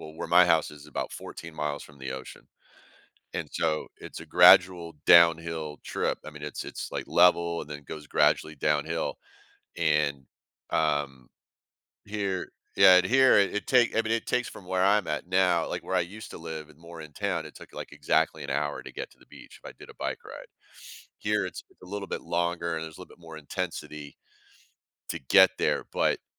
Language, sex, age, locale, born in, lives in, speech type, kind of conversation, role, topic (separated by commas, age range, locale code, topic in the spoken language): English, male, 55-59, en-US, United States, United States, conversation, podcast, guest, In what ways has your hobby made a positive impact on your well-being?